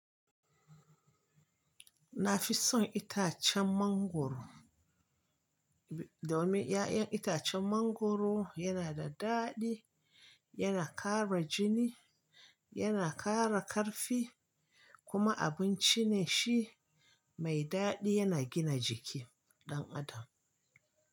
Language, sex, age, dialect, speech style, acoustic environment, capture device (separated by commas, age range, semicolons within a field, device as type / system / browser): Hausa, male, 25-34, Nigeria - Kano, relaxed, silence, mobile / Linux / Chrome